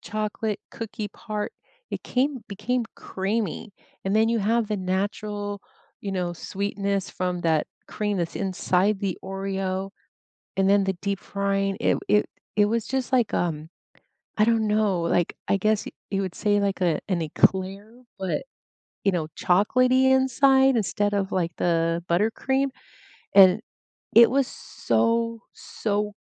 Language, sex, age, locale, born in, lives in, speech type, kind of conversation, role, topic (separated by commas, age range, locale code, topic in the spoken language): English, female, 50-54, en-US, United States, United States, conversation, unstructured, none, What are your favorite street foods, and how do you find the best places to buy them?